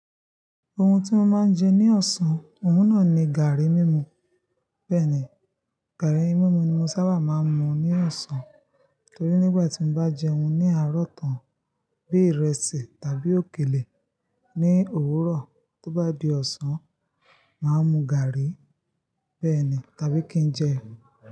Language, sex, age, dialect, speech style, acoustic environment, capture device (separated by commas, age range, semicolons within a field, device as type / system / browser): Yoruba, female, 25-34, Nigeria - Ibadan, happy; excited, nature, mobile / Linux / Chrome